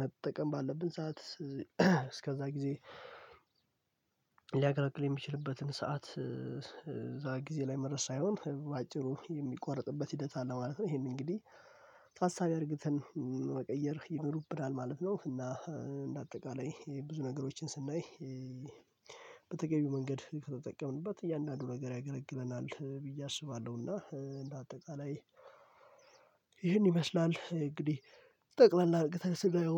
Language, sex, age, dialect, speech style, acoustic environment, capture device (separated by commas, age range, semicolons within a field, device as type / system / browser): Amharic, male, 18-24, Ethiopia - Addis Ababa, relaxed, silence, mobile / Linux / Chrome